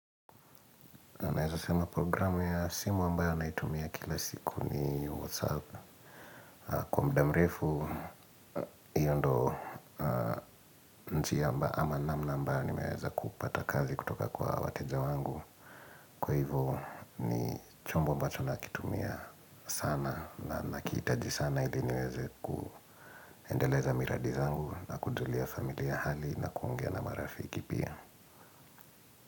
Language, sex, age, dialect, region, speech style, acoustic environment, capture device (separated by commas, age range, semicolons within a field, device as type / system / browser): Swahili, male, 25-34, Kenya - Nairobi Sheng-influenced, Kenya, relaxed, silence, mobile / Linux / Edge